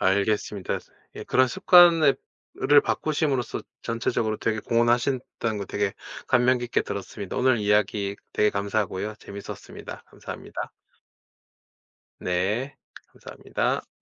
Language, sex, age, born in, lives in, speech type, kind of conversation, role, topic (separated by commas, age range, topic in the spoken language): Korean, male, 40-44, South Korea, Japan, conversation, podcast, host, 작은 습관으로 생산성을 높이는 비결이 있나요?